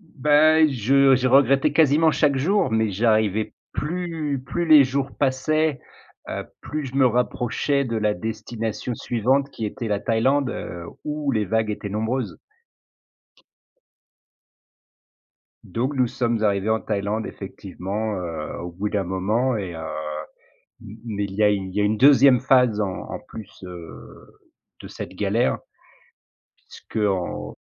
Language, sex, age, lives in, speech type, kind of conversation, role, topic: French, male, 40-44, France, conversation, podcast, guest, Peux-tu me raconter une grosse galère de voyage ?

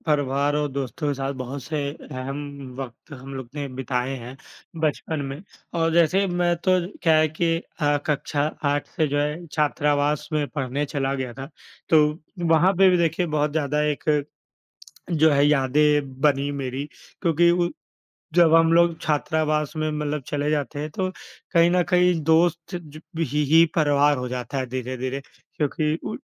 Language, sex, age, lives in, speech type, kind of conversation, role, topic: Hindi, male, 25-29, India, conversation, unstructured, none, आपका बचपन कैसे बीता था?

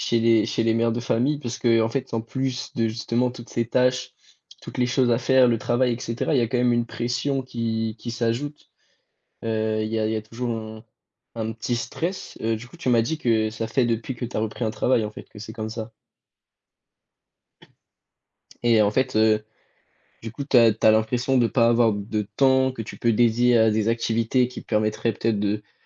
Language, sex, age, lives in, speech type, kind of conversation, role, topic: French, male, 18-19, France, conversation, advice, advisor, Comment décririez-vous votre épuisement chronique qui rend les tâches ménagères et personnelles impossibles ?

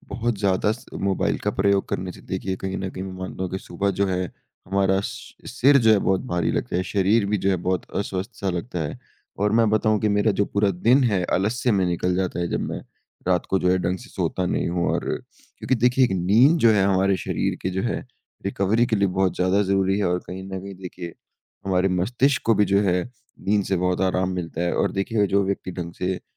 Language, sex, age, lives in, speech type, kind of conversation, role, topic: Hindi, male, 20-24, India, conversation, unstructured, none, क्या आपको लगता है कि सोशल मीडिया ने फिटनेस पर नकारात्मक असर डाला है?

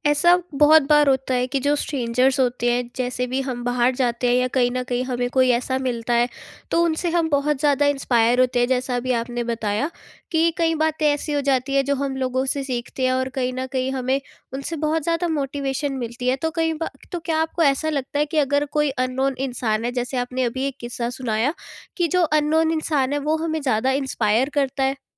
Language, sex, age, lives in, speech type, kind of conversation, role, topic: Hindi, female, 18-19, India, conversation, podcast, host, Kis anjaan se milkar tumhari soch badal gayi thi?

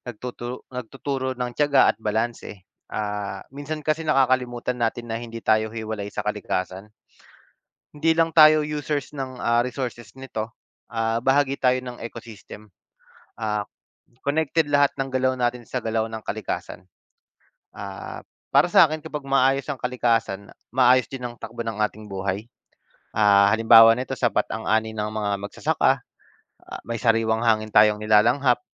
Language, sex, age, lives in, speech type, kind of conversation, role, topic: Filipino, male, 30-34, Philippines, conversation, unstructured, none, Ano ang pananaw mo tungkol sa kahalagahan ng kalikasan sa ating buhay?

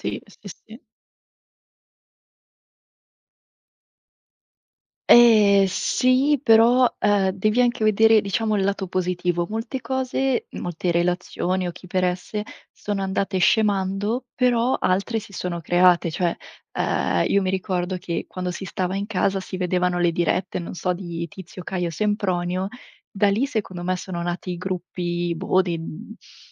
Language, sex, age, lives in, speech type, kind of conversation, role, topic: Italian, female, 25-29, Italy, conversation, unstructured, none, Qual è il valore dell’amicizia nella società di oggi?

